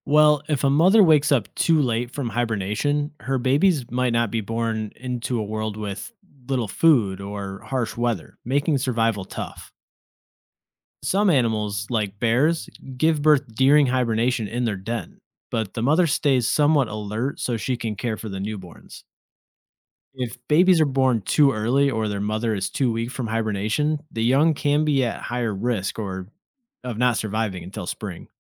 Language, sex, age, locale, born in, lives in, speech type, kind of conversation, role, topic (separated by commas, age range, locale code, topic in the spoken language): English, male, 30-34, en-US, United States, United States, read, expert-assistant, expert, How do animals survive winter through hibernation?